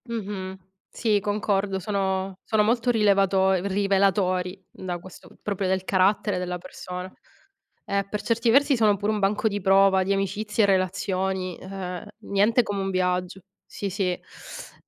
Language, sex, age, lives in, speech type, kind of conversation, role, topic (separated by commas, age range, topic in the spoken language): Italian, female, 35-39, Italy, conversation, unstructured, none, In che modo i viaggi possono rivelare la vera natura delle persone?